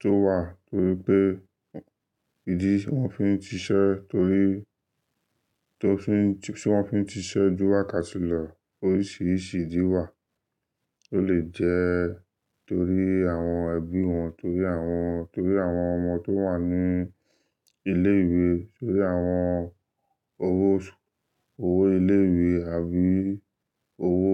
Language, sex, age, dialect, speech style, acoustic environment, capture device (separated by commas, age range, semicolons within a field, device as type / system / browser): Yoruba, male, 25-34, Nigeria - Lagos Yoruba, focused, nature, desktop / Linux / Chrome